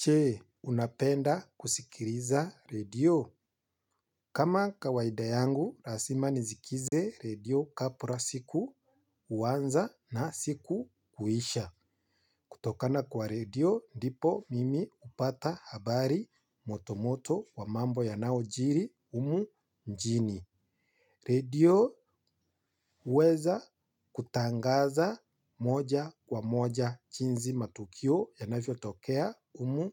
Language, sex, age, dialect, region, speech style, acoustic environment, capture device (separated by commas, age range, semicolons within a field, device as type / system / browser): Swahili, male, 25-34, Kenya - Nairobi Sheng-influenced, Kenya, happy, silence, mobile / Linux / Chrome